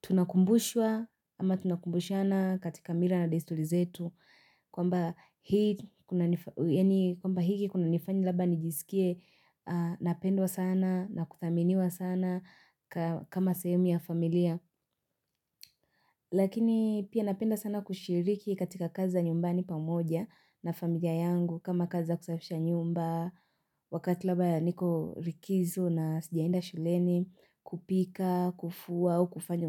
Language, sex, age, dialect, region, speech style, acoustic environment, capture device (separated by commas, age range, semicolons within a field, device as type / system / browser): Swahili, female, 18-24, Kenya - Nairobi Sheng-influenced, Kenya, happy; relaxed; excited; focused, silence, mobile / Linux / Chrome